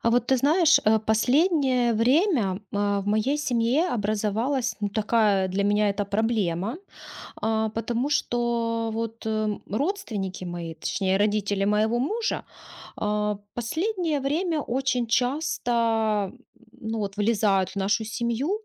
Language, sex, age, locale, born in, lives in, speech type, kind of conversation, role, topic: Russian, female, 35-39, ru-RU, Ukraine, Spain, conversation, advice, user, Как установить личные границы с родственником?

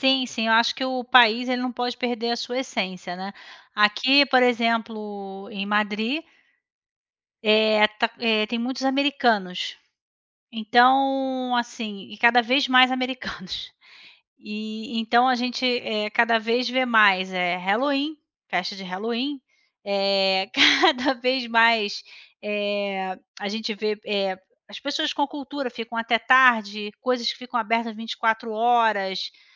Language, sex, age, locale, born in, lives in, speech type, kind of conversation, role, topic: Portuguese, female, 40-44, pt-BR, Brazil, Spain, conversation, unstructured, none, Qual é a tradição local que mais te encanta?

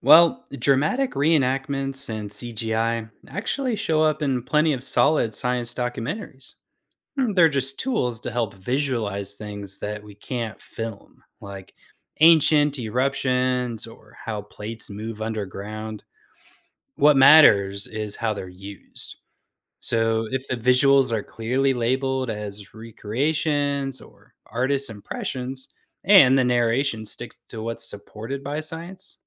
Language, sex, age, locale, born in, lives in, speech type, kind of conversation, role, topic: English, male, 35-39, en-US, United States, United States, read, expert-assistant, expert, How can you spot credible geology documentaries?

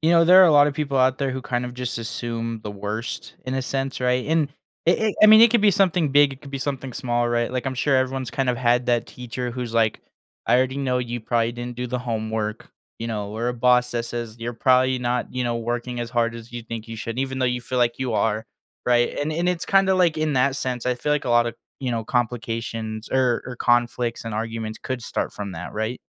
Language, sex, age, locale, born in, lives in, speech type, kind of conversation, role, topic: English, male, 20-24, en-US, United States, United States, conversation, podcast, host, What are the main reasons people struggle to get along with each other?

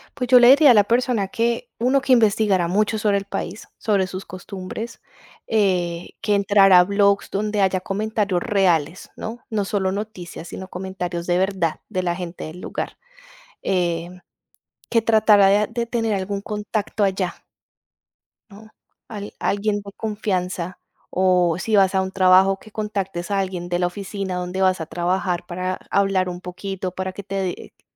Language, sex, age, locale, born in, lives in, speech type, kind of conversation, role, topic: Spanish, female, 35-39, es-CO, Colombia, Italy, conversation, podcast, guest, ¿Qué decisión arriesgada te cambió la vida?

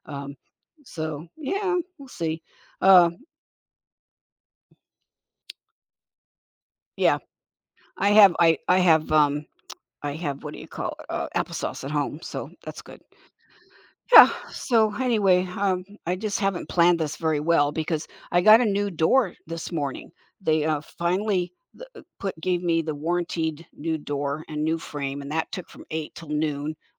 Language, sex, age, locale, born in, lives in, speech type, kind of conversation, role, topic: English, female, 70-74, en-US, United States, United States, conversation, advice, user, How can I celebrate overcoming a personal challenge in a way that boosts my confidence?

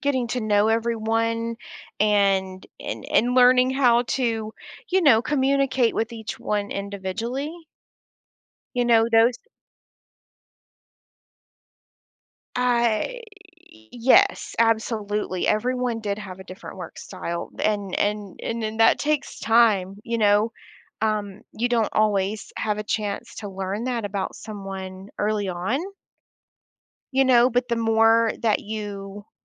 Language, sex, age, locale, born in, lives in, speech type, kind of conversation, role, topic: English, female, 35-39, en-US, United States, United States, conversation, podcast, guest, How have difficult experiences helped shape who you are today?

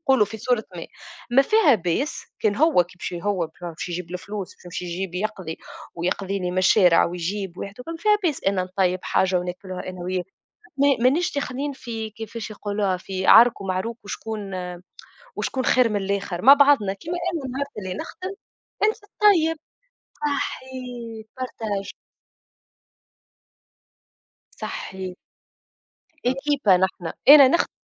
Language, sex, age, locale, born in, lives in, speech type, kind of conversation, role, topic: Arabic, female, 25-29, ar-TN, Tunisia, Germany, conversation, unstructured, none, إيه رأيك في دور الست في المجتمع دلوقتي؟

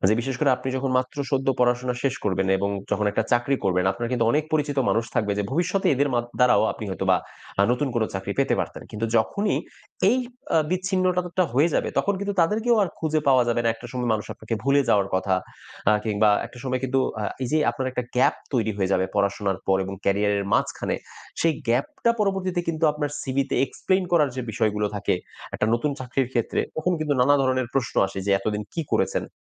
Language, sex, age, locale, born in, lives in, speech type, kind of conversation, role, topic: Bengali, male, 30-34, bn-BD, Bangladesh, Bangladesh, conversation, podcast, host, কর্মজীবন আর পরিবার—যখন দুটির মধ্যে একটিকে বেছে নিতে হয়, তখন আপনি কী করবেন?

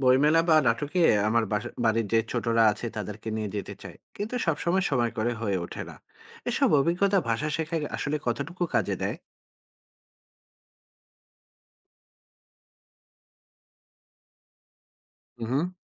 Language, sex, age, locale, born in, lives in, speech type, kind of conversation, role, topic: Bengali, male, 40-44, bn-BD, Bangladesh, Finland, read, expert-assistant, asker, নতুন প্রজন্মের মধ্যে বাংলা ভাষার চর্চা কমে যাওয়া—এর উপকারিতা ও ক্ষতি কী কী?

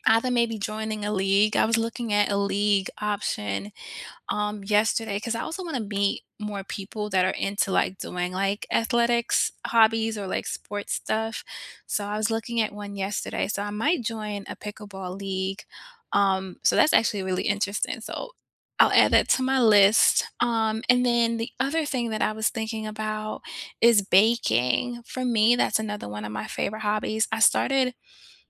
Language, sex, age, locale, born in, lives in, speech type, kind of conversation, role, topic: English, female, 35-39, en-US, United States, United States, conversation, unstructured, none, What is the story behind your favorite hobby?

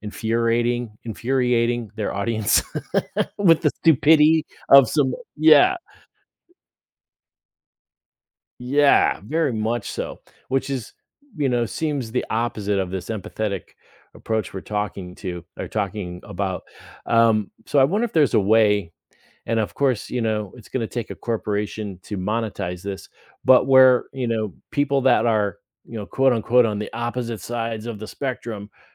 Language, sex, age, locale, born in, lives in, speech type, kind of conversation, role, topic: English, male, 50-54, en-US, United States, United States, conversation, podcast, host, How does understanding others' perspectives improve the way we handle conflicts?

